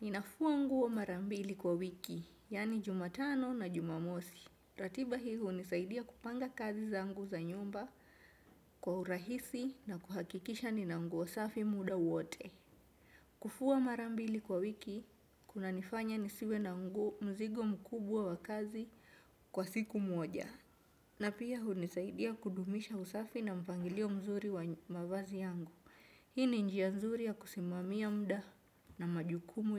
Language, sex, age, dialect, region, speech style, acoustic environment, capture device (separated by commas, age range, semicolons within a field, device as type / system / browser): Swahili, female, 25-34, Kenya - Mombasa, Kenya, happy, nature, desktop / Windows / Chrome